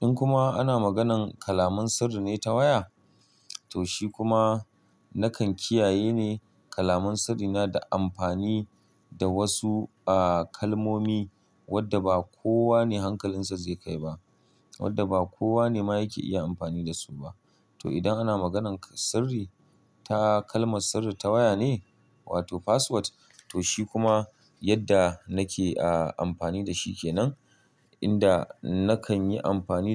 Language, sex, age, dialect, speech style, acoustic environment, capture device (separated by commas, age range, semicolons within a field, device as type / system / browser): Hausa, male, 35-44, Nigeria - Kano, happy; excited; focused; relaxed; stressed; tired, people talking; construction; appliances; nature; silence, mobile / Linux / Chrome